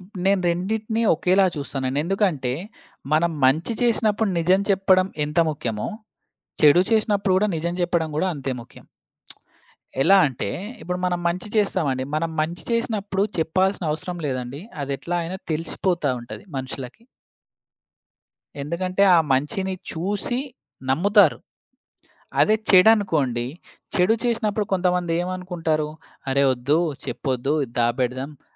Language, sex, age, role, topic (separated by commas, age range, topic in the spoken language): Telugu, male, 25-29, guest, నిజం చెప్పడం వల్ల నష్టం కలిగే అవకాశం ఉంటే మీరు ఎలా వ్యవహరిస్తారు?